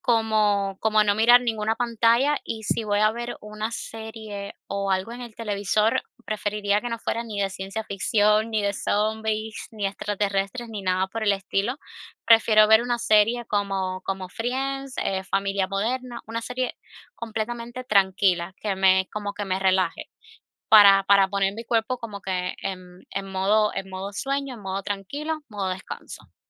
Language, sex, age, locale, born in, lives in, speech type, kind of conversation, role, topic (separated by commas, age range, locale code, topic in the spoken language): Spanish, female, 25-29, es-CU, Cuba, United States, conversation, advice, user, Sobrecarga mental al terminar el día